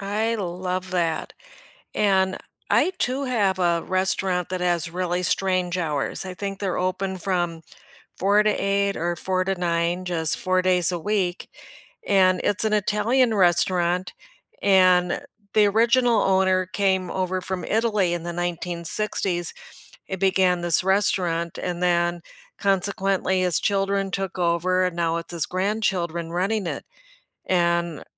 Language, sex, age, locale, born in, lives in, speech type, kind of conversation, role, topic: English, female, 65-69, en-US, United States, United States, conversation, unstructured, none, Which local spot feels most like yours, and what story makes it your favorite?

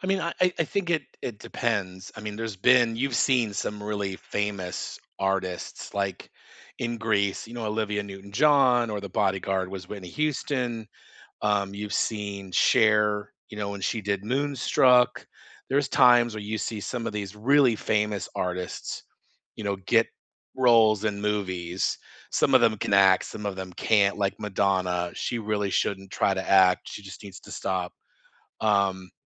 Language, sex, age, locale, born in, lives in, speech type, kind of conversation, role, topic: English, male, 50-54, en-US, United States, United States, conversation, unstructured, none, Which movie soundtracks made the film unforgettable for you and still stick with you today?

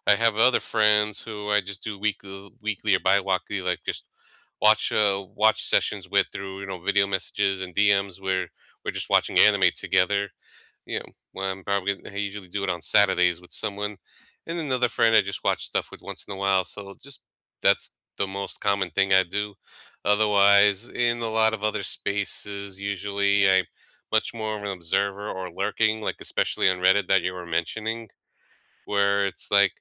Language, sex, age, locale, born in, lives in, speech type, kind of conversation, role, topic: English, male, 35-39, en-US, United States, United States, conversation, unstructured, none, How do everyday gadgets help you feel closer to the people you care about?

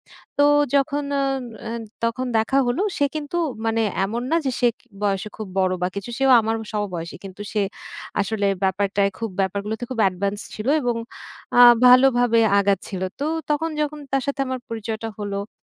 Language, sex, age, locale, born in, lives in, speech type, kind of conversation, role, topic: Bengali, female, 30-34, bn-BD, Bangladesh, Bangladesh, conversation, podcast, guest, কোনো অচেনা মানুষের সঙ্গে আলাপ কীভাবে তোমার জীবন বদলে দিয়েছে?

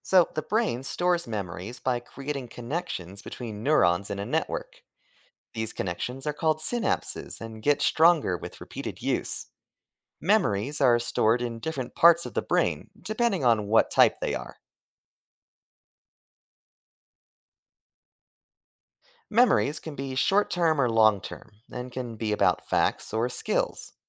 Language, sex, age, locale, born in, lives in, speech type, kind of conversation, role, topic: English, male, 25-29, en-US, United States, United States, read, expert-assistant, expert, How does the brain store and retrieve memories?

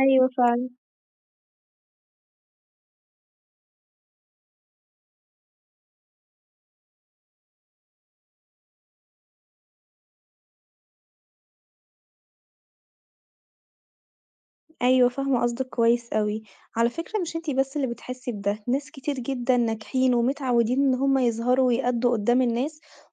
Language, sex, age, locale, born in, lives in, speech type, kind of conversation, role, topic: Arabic, female, 25-29, ar-EG, Egypt, Italy, conversation, advice, advisor, إزاي بتوصف خوفك من الكلام قدّام ناس كتير أو في اجتماع مهم؟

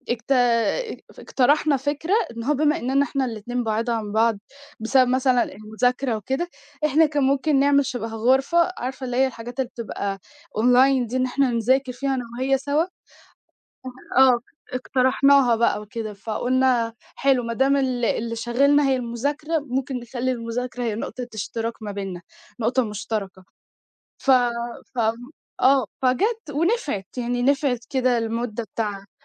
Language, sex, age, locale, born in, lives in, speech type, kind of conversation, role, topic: Arabic, female, 18-19, ar-EG, Egypt, Egypt, conversation, advice, user, إزاي أرجع أتواصل مع صاحبي بعد ما كنا قاطعين الكلام فترة طويلة؟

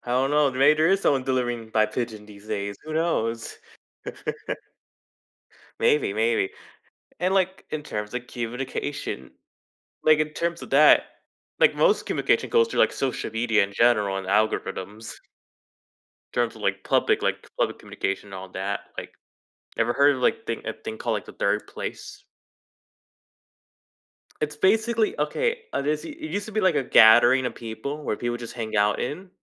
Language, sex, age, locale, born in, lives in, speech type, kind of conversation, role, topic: English, male, 20-24, en-US, United States, United States, conversation, podcast, guest, In what ways have smartphones changed the way we connect and interact with others?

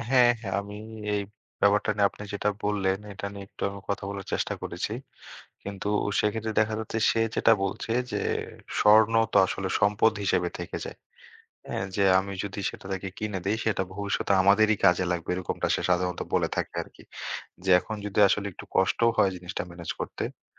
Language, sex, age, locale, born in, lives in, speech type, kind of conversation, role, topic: Bengali, male, 25-29, bn-BD, Bangladesh, Bangladesh, conversation, advice, user, উপহার বা অনুষ্ঠানে অতিরিক্ত খরচের কারণে ঋণে পড়া এড়াতে আমি কী করতে পারি?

